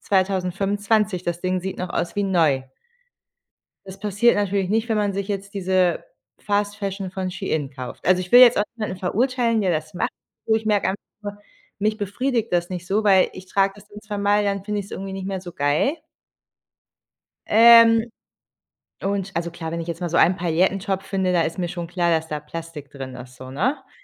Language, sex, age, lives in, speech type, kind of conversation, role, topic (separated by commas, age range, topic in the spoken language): German, female, 30-34, Germany, conversation, advice, user, Wie kann ich einen minimalistischen Lebensstil beginnen und langfristig durchhalten?